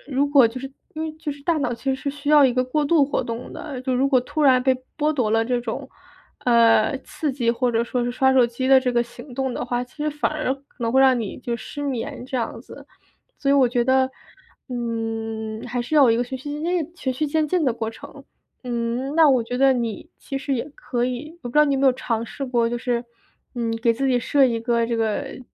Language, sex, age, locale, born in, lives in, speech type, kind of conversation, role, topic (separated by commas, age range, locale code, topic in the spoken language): Chinese, female, 25-29, zh-CN, China, United States, conversation, advice, advisor, 如何在临睡前摆脱刷屏习惯